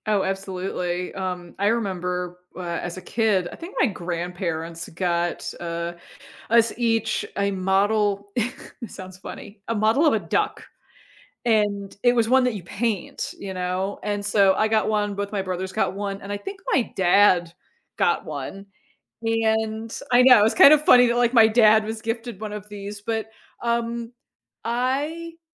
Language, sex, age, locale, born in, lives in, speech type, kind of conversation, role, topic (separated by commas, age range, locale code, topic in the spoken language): English, female, 40-44, en-US, United States, United States, conversation, podcast, host, How do your interests and experiences shape which hobbies you find most fulfilling?